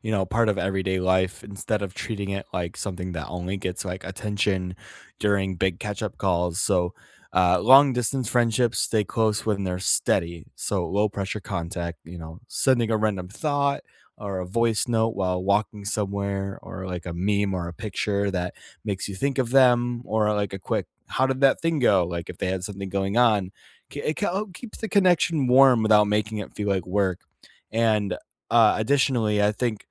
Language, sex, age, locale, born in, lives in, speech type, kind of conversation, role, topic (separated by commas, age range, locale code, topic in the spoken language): English, male, 25-29, en-US, United States, United States, conversation, unstructured, none, What are some simple, meaningful ways to keep long-distance friendships close and thriving?